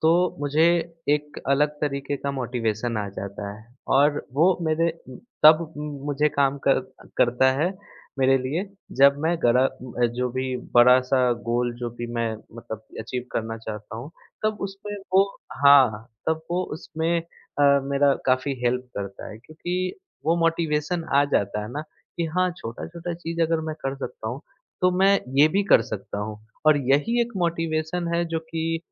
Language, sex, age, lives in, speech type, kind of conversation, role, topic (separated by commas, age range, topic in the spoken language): Hindi, male, 25-29, India, conversation, podcast, guest, Tumhari zindagi mein kaunsi aisi situation thi jahan tumne haar nahi maani?